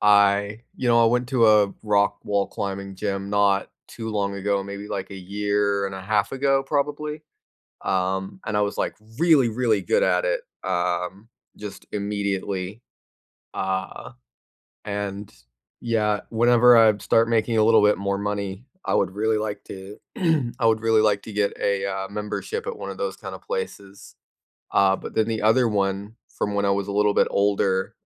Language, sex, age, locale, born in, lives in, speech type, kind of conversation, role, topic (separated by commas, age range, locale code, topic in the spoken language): English, other, 20-24, en-US, United States, United States, conversation, unstructured, none, Which childhood hobby would you revisit, and how would you start?